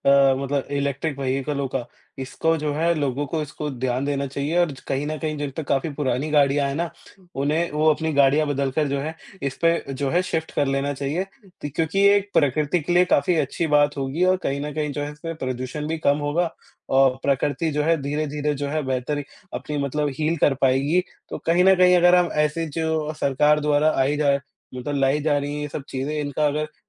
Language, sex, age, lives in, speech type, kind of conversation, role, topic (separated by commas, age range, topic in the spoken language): Hindi, male, 20-24, India, conversation, unstructured, none, तुम्हें प्रकृति से जुड़ी सबसे बड़ी समस्या क्या लगती है?